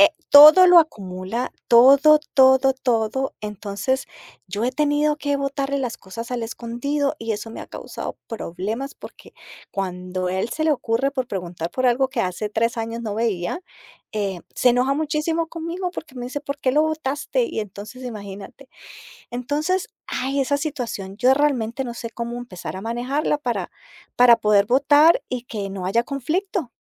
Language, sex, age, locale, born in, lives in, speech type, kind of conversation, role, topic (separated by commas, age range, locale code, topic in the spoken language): Spanish, female, 50-54, es-CO, Colombia, United States, conversation, advice, user, ¿Cómo puedo hablar con mi pareja si no quiere simplificar ni reducir sus pertenencias?